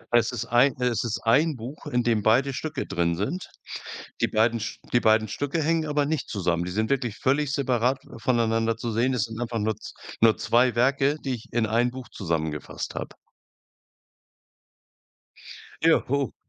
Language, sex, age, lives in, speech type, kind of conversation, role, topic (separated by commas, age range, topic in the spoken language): German, male, 65-69, Germany, conversation, podcast, guest, Kannst du von einem deiner liebsten kreativen Projekte erzählen?